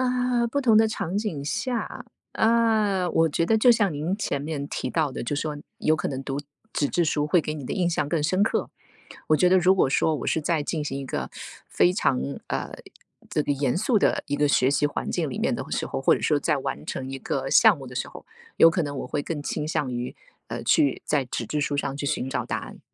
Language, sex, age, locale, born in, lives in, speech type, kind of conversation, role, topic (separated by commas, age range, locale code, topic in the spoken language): Chinese, female, 45-49, zh-TW, China, United States, conversation, unstructured, none, 在学习时，你更喜欢用纸质书还是电子书？